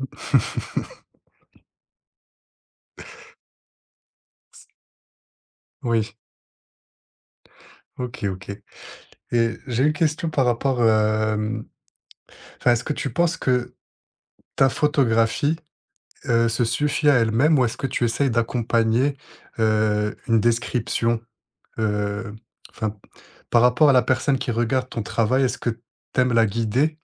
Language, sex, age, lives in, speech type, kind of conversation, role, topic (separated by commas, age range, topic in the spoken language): French, male, 25-29, France, conversation, podcast, host, Comment trouves-tu ta voix artistique ?